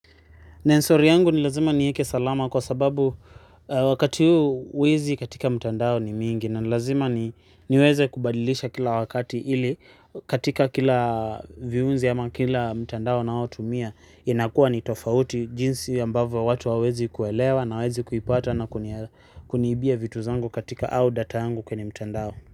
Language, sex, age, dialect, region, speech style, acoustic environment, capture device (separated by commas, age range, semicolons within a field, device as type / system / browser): Swahili, male, 25-34, Kenya - Nairobi Sheng-influenced, Kenya, happy, silence, mobile / Linux / Chrome